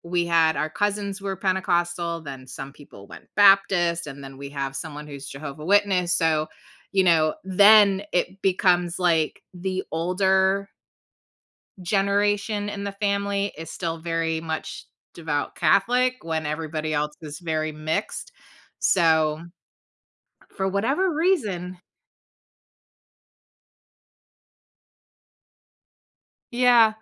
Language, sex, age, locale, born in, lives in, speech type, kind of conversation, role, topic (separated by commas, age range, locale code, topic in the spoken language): English, female, 45-49, en-US, United States, United States, conversation, podcast, host, How do families build strong and lasting relationships over time?